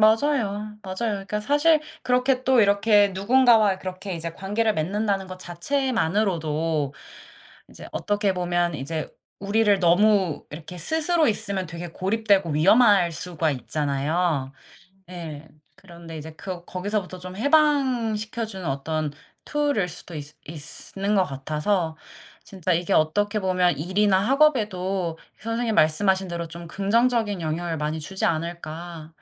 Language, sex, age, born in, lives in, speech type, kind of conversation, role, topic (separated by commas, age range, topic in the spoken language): Korean, female, 30-34, South Korea, South Korea, conversation, unstructured, none, 일과 삶의 균형을 어떻게 맞추고 계신가요?